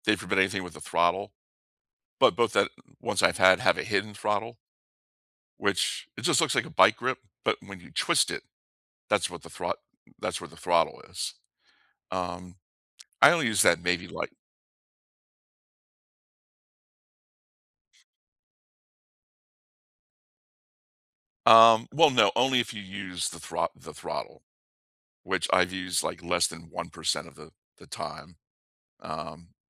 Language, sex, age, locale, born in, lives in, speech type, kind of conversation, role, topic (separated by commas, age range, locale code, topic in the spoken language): English, male, 55-59, en-US, United States, United States, conversation, unstructured, none, Which nearby outdoor place feels like yours, and what makes it special or meaningful to you?